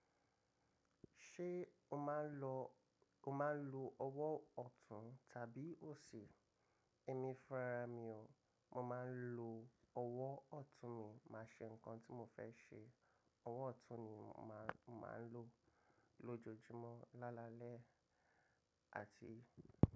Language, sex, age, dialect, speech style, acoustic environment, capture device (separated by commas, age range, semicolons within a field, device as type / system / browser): Yoruba, male, 25-34, Nigeria - Lagos Yoruba, relaxed, construction, mobile / macOS / Safari